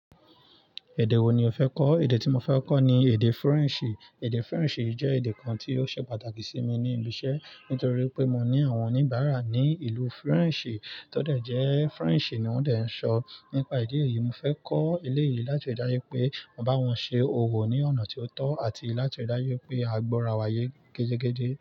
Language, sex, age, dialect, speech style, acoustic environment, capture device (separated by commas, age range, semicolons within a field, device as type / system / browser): Yoruba, male, 25-34, Nigeria - Nigerian Standard, excited, nature, mobile / Linux / Chrome